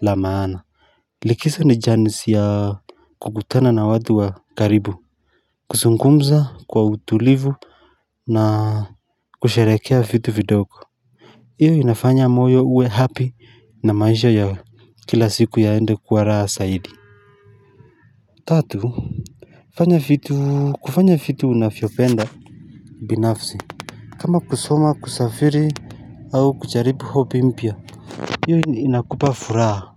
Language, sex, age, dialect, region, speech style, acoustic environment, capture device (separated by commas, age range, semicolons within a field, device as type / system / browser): Swahili, male, 25-34, Kenya - Mombasa, Kenya, happy, nature, mobile / Linux / Chrome